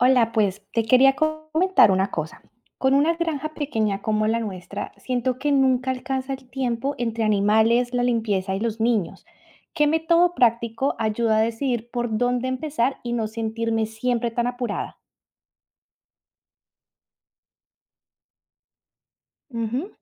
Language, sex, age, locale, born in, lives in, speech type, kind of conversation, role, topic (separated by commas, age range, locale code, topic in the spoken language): Spanish, female, 35-39, es-CO, Colombia, Italy, read, expert-assistant, asker, ¿Qué estrategias ayudan a priorizar las tareas diarias cuando tienes muchos animales bajo tu cuidado?